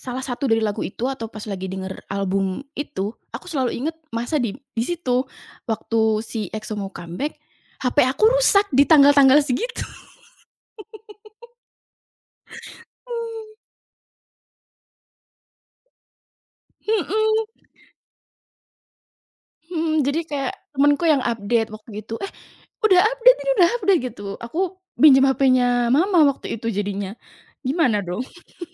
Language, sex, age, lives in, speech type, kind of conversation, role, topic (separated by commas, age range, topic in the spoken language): Indonesian, female, 20-24, Indonesia, conversation, podcast, guest, Bagaimana biasanya kamu menemukan musik baru?